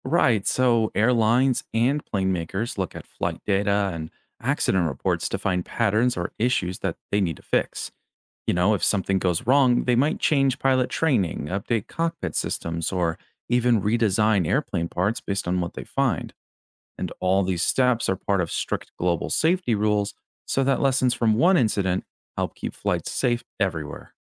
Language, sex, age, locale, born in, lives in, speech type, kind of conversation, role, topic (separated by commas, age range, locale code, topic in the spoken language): English, male, 25-29, en-US, United States, United States, read, expert-assistant, expert, How do airplanes stay in the air?